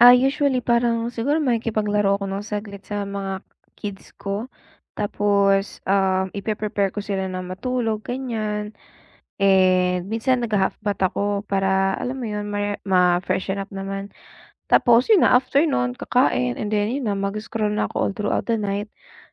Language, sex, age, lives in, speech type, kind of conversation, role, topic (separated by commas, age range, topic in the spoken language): Filipino, female, 25-29, United States, conversation, advice, user, Paano ako makakapagpahinga nang maayos habang nasa bahay?